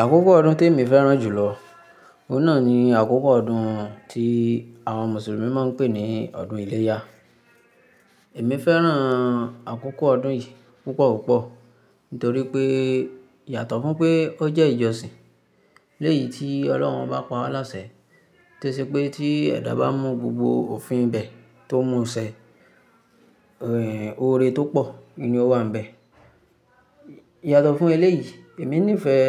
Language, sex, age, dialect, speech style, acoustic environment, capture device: Yoruba, female, 25-34, Nigeria - Ibadan, happy; focused, silence, mobile / Linux / Chrome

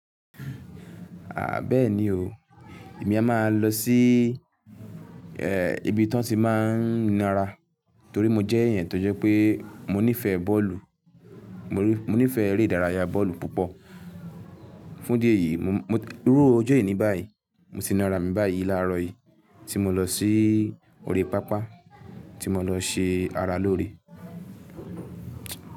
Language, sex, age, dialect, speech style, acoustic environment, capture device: Yoruba, male, 18-24, Nigeria - Lagos Yoruba, happy, silence, mobile / Linux / Chrome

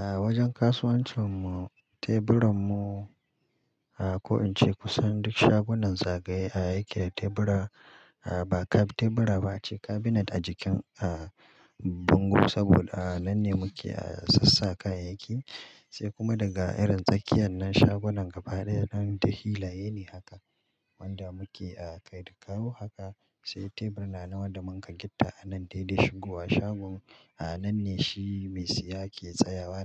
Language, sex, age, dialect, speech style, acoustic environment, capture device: Hausa, male, 35-44, Nigeria - Kano, happy; relaxed, silence, mobile / Linux / Chrome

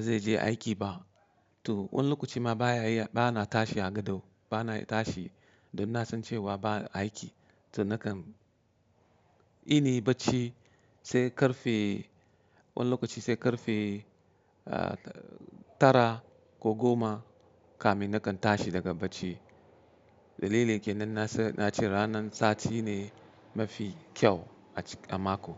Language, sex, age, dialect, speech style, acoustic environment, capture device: Hausa, male, 35-44, Nigeria - Katsina, happy, nature, mobile / Linux / Chrome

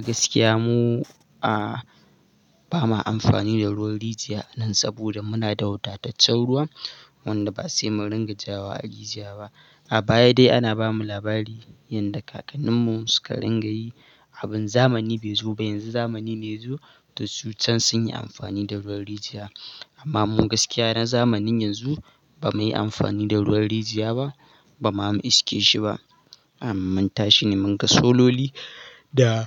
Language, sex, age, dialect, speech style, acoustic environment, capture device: Hausa, male, 18-24, Nigeria - Katsina, happy, silence, mobile / Linux / Chrome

